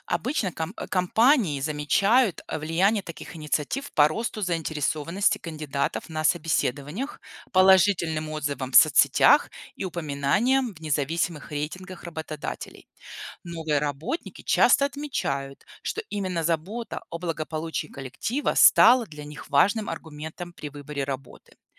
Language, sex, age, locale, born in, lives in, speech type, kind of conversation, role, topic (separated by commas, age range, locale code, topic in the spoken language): Russian, female, 40-44, ru-RU, Belarus, United States, read, expert-assistant, expert, Какими новыми способами можно вдохновлять людей заботиться о своём здоровье в современном мире?